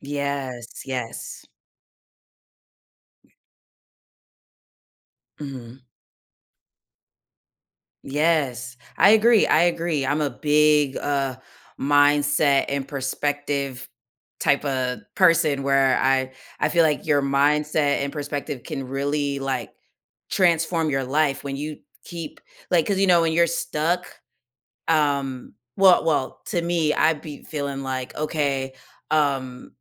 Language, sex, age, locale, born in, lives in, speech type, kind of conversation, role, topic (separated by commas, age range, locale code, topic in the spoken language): English, female, 30-34, en-US, United States, United States, conversation, podcast, host, What helps you move forward during challenging times?